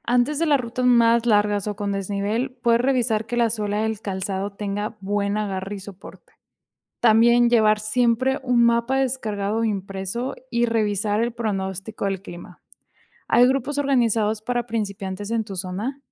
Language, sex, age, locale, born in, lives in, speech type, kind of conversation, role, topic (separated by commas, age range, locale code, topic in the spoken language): Spanish, female, 25-29, es-MX, Mexico, Mexico, read, expert-assistant, expert, ¿Cuáles son las fuentes más confiables para informarse sobre senderismo y rutas al aire libre en Latinoamérica?